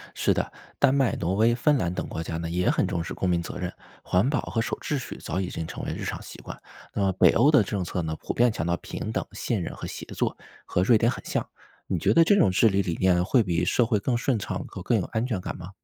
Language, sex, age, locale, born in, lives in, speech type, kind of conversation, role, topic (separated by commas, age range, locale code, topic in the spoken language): Chinese, male, 35-39, zh-CN, China, United States, read, expert-assistant, expert, 你觉得用什么方式可以轻松搞懂不同国家的治理方式？